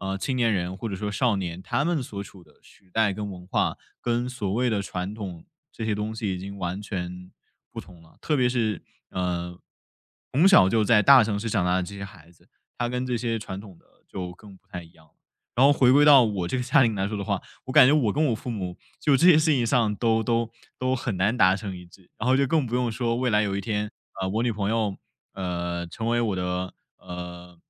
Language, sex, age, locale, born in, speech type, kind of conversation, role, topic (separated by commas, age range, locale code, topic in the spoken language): Chinese, male, 20-24, zh-CN, China, conversation, podcast, guest, 你如何在尊重传统与坚持个人选择之间找到平衡？